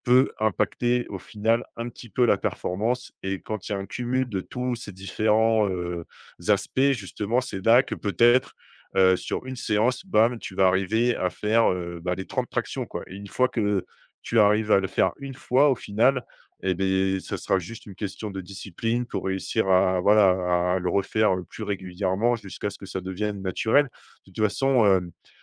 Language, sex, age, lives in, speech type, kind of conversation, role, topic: French, male, 30-34, France, conversation, advice, advisor, Comment retrouver la motivation après plusieurs échecs ?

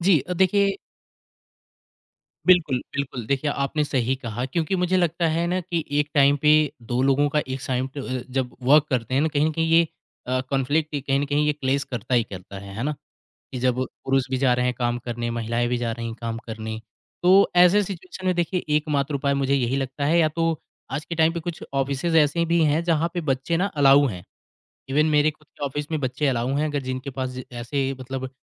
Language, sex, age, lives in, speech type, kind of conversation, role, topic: Hindi, male, 25-29, India, conversation, podcast, guest, Acts of service, jaise khana banana, tumhare liye kitne important hote hain?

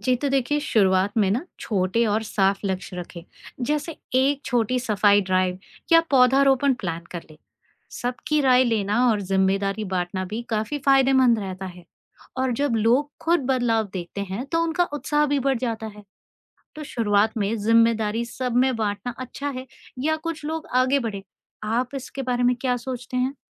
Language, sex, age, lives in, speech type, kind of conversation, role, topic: Hindi, female, 40-44, India, read, expert-assistant, expert, अगर हमें अपने आसपास के पर्यावरण की रक्षा करनी हो, तो सबसे पहले किन चीज़ों पर ध्यान देना चाहिए?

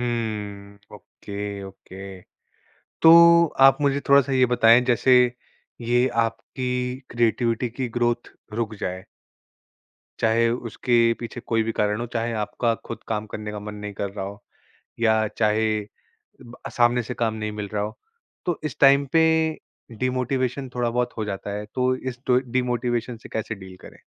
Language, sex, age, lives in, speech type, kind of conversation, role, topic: Hindi, male, 25-29, India, conversation, podcast, host, Kaise pata chale ki tumhari creativity grow kar rahi hai?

